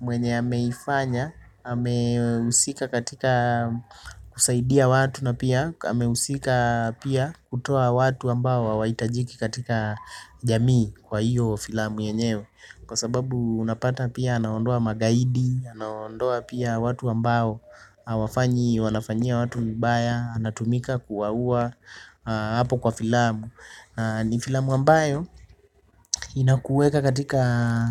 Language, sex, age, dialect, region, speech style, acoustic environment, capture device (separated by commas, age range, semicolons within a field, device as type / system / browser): Swahili, male, 25-34, Kenya - Nairobi Sheng-influenced, Kenya, relaxed; happy, silence, desktop / Linux / Chrome